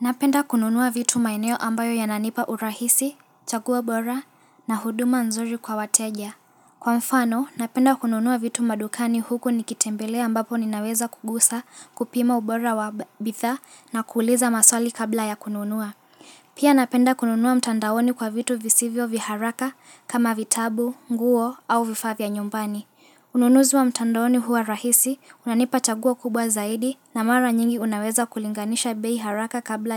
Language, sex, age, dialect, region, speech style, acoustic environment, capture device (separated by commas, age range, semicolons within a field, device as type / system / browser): Swahili, female, 18-24, Kenya - Mombasa, Kenya, relaxed, silence, mobile / Linux / Chrome